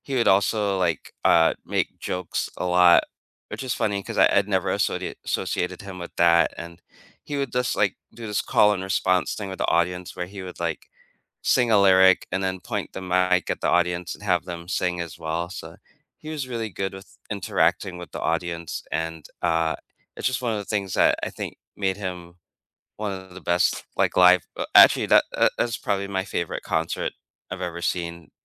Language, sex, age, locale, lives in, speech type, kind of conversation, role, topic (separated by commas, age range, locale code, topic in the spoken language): English, male, 45-49, en-US, United States, conversation, unstructured, none, What do you remember about the first concert you ever attended?